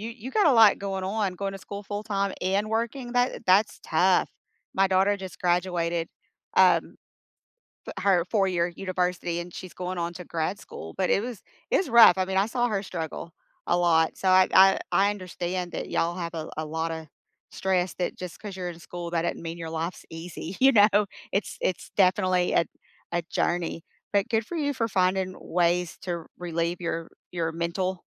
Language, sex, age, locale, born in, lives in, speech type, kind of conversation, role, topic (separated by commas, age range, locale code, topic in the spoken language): English, female, 50-54, en-US, United States, United States, conversation, unstructured, none, What is a tiny habit that improved your week?